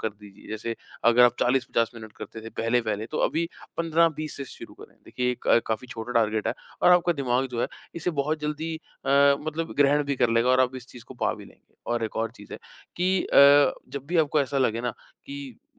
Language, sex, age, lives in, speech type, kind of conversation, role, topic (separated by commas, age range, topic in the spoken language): Hindi, male, 25-29, India, conversation, advice, advisor, मैं नई आदतों में नियमितता क्यों नहीं बनाए रख पाता/पाती हूँ, और इसे कैसे सुधारूँ?